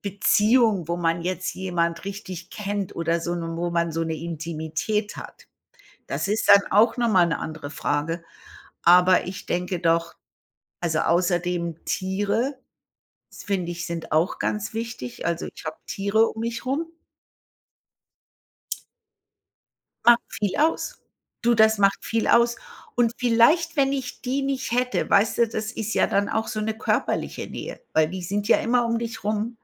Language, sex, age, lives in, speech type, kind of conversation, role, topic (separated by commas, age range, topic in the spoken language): German, female, 55-59, United States, conversation, unstructured, none, Woran merkst du, dass es dir psychisch nicht gut geht?